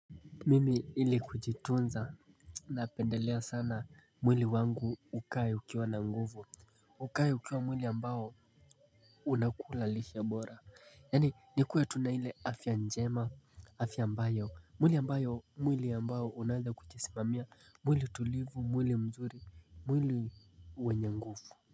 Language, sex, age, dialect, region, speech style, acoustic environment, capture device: Swahili, male, 18-24, Kenya - Mombasa, Kenya, relaxed, silence, mobile / Linux / Chrome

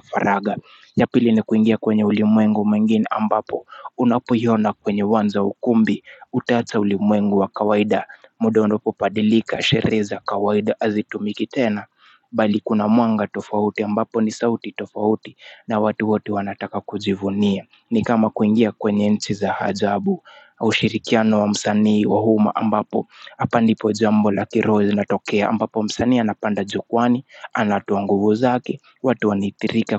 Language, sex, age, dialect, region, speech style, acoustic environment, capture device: Swahili, male, 25-34, Kenya - Mombasa, Kenya, relaxed, nature, mobile / Linux / Chrome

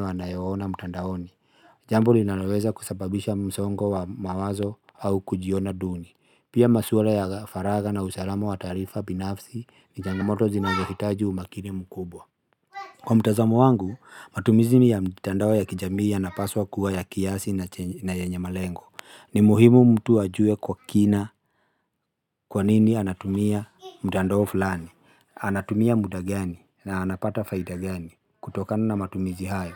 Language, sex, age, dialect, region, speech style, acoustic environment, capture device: Swahili, male, 35-44, Kenya - Nairobi Sheng-influenced, Kenya, relaxed, nature, mobile / Linux / Chrome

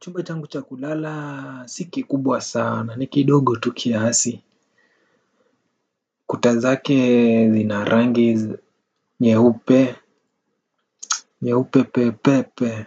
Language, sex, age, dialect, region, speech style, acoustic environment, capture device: Swahili, male, 18-24, Kenya - Nairobi Sheng-influenced, Kenya, relaxed, nature, desktop / Windows / Firefox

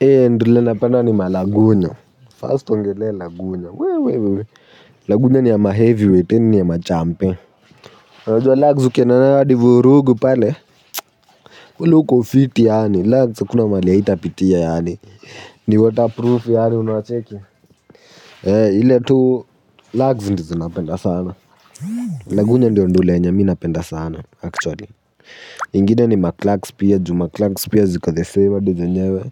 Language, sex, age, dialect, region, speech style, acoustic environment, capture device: Swahili, male, 18-24, Kenya - Nairobi Sheng-influenced, Kenya, happy, silence, mobile / Linux / Chrome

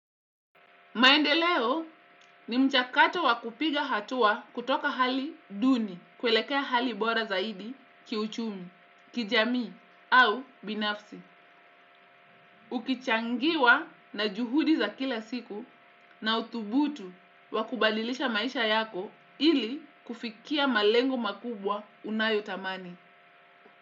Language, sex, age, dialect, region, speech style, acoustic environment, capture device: Swahili, female, 25-34, Kenya - Mombasa, Kenya, relaxed, silence, desktop / Windows / Edge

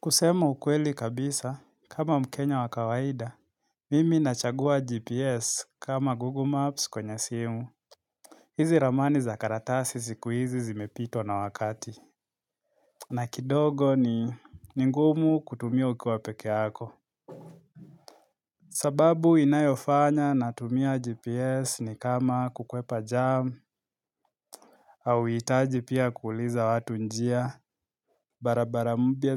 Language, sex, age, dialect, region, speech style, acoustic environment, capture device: Swahili, male, 25-34, Kenya - Nairobi Sheng-influenced, Kenya, excited, silence, mobile / Linux / Chrome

